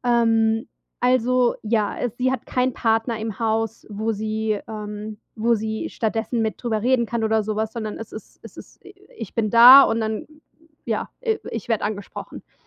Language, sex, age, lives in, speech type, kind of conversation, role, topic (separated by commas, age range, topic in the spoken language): German, female, 35-39, United States, conversation, advice, user, Wie kann ich in einer Freundschaft klare Grenzen setzen?